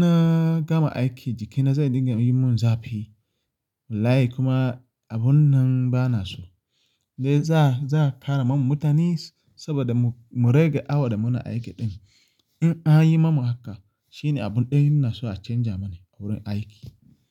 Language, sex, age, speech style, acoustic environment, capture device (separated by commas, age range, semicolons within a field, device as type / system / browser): Hausa, male, 25-34, relaxed; focused, people talking; silence; appliances, mobile / Linux / Chrome